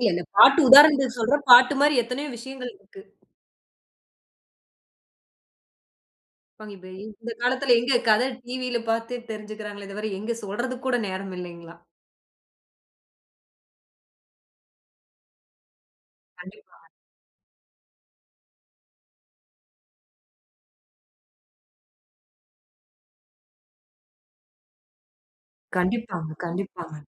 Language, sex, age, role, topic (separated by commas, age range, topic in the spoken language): Tamil, female, 30-34, host, இன்றைய இளம் தலைமுறைக்குப் நீங்கள் என்ன சொல்ல விரும்புகிறீர்கள்?